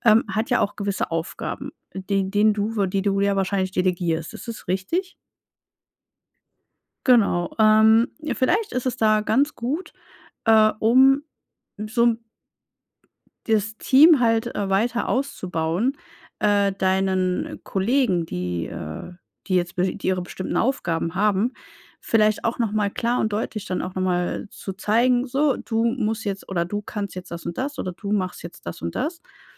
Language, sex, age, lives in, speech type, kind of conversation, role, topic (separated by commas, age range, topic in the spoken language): German, female, 35-39, Germany, conversation, advice, advisor, Wie baue ich ein gutes Team auf, führe es erfolgreich und skaliere es?